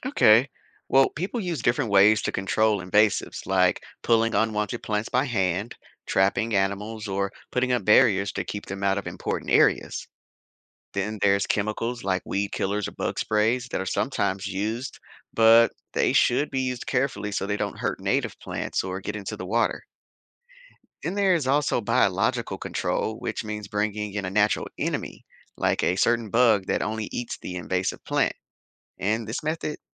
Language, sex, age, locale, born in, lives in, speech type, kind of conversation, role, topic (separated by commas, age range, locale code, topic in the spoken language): English, male, 35-39, en-US, United States, United States, read, expert-assistant, expert, How do invasive species disrupt local ecosystems?